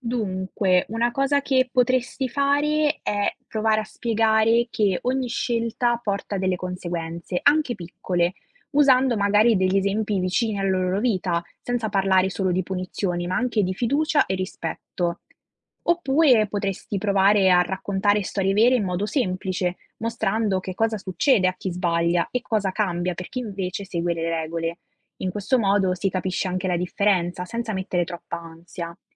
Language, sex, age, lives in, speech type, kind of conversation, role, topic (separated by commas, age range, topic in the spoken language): Italian, female, 18-19, Italy, read, expert-assistant, expert, Come si può insegnare il valore della legalità ai giovani?